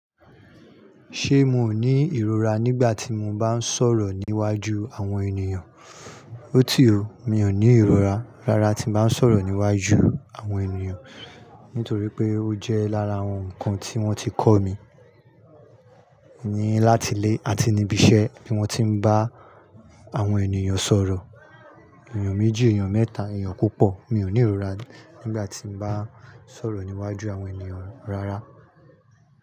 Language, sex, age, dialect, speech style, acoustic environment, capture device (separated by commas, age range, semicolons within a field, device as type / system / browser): Yoruba, male, 35-44, Nigeria - Nigerian Standard, happy; anxious; nervous; excited; relaxed, people talking; construction; nature, mobile / Linux / Chrome